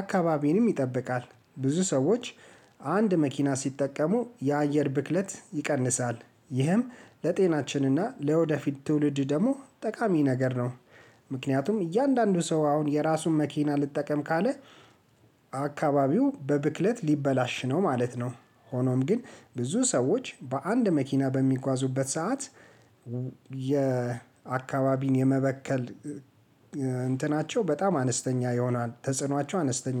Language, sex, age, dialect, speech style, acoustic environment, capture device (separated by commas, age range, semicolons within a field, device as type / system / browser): Amharic, male, 35-44, Ethiopia - Addis Ababa, relaxed, silence, mobile / Linux / Chrome